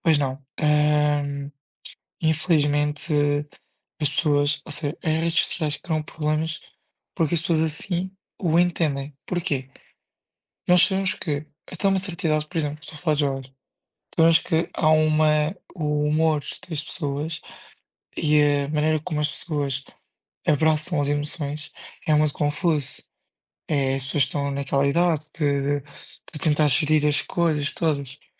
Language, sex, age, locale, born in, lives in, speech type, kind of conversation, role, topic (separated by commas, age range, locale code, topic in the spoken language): Portuguese, male, 20-24, pt-PT, Portugal, Portugal, conversation, unstructured, none, Você acha que as redes sociais criam mais problemas do que soluções?